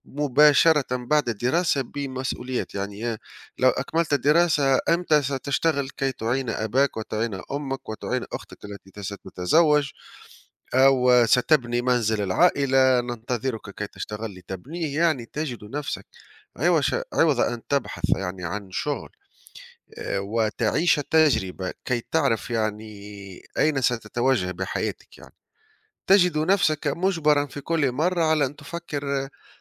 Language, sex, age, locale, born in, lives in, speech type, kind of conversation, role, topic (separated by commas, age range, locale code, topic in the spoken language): Arabic, male, 35-39, ar-TN, Tunisia, Italy, conversation, unstructured, none, إزاي تختار الشغل اللي مناسب ليك في حياتك؟